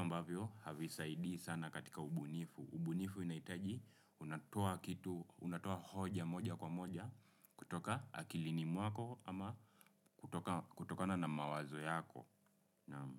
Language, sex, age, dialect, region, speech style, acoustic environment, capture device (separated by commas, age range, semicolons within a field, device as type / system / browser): Swahili, male, 25-34, Kenya - Nairobi Sheng-influenced, Kenya, relaxed, silence; nature; appliances, desktop / Windows / Chrome